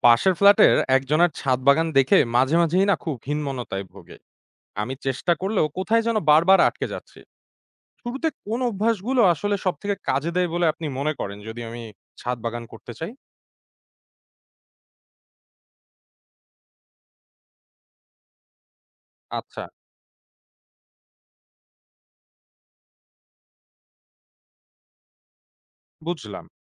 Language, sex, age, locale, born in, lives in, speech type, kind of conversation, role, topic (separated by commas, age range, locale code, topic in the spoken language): Bengali, male, 25-29, bn-BD, Bangladesh, Bangladesh, read, expert-assistant, asker, আমার নিজের খাবার উৎপাদনের দক্ষতা কীভাবে আরও বাড়াতে পারি?